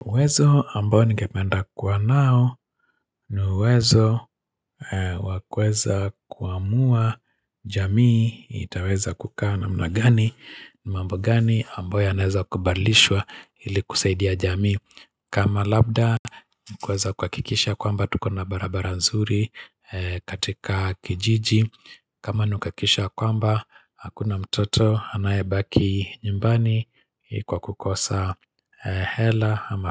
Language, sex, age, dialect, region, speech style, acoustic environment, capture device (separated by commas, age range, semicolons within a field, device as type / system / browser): Swahili, male, 45-54, Kenya - Nairobi Sheng-influenced, Kenya, happy; excited; focused; relaxed, nature; people talking; music, mobile / Linux / Chrome